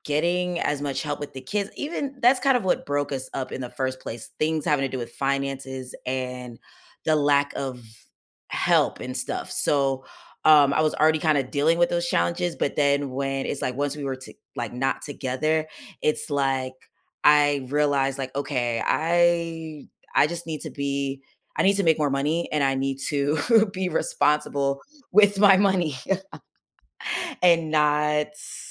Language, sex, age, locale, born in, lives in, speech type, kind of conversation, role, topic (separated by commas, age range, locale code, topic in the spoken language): English, female, 30-34, en-US, United States, United States, conversation, podcast, guest, How has overcoming a difficult experience shaped who you are today?